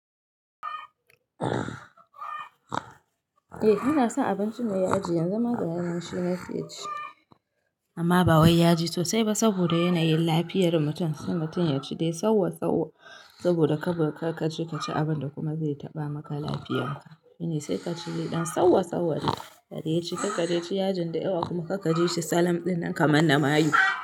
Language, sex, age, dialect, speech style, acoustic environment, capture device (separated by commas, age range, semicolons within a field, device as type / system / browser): Hausa, female, 25-34, Nigeria - Kano, happy, nature; people talking, mobile / Linux / Chrome